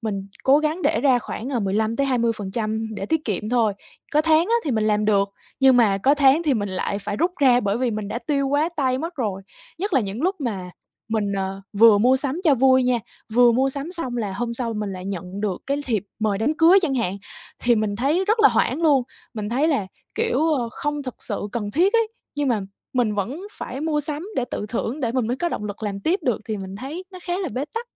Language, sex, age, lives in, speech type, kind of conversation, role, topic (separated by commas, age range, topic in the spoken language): Vietnamese, female, 20-24, Vietnam, conversation, advice, user, Làm thế nào để tiết kiệm mà vẫn tự thưởng cho mình mà không cảm thấy keo kiệt?